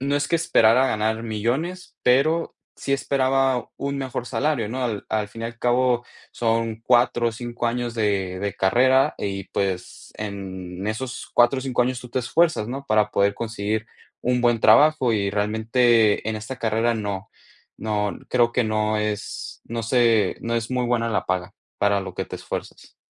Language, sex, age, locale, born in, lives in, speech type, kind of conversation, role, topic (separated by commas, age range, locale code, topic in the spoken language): Spanish, male, 20-24, es-MX, Mexico, United States, conversation, podcast, guest, ¿Qué te guía al elegir una carrera o un trabajo?